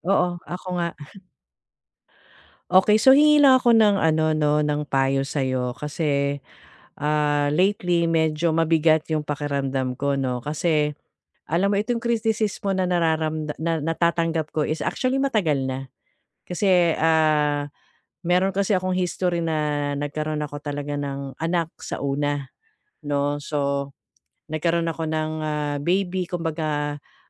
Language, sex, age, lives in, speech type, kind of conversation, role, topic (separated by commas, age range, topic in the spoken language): Filipino, female, 45-49, Philippines, conversation, advice, user, Paano ko maihihiwalay ang sarili kong halaga sa kritisismong natatanggap ko?